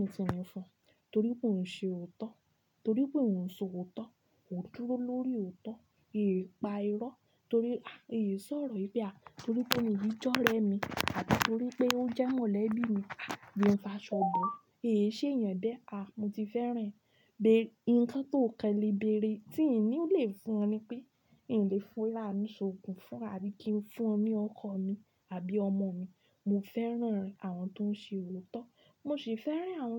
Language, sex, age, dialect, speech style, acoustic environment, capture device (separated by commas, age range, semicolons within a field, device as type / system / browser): Yoruba, female, 25-34, Nigeria - Ibadan, anxious, silence, desktop / Linux / Chrome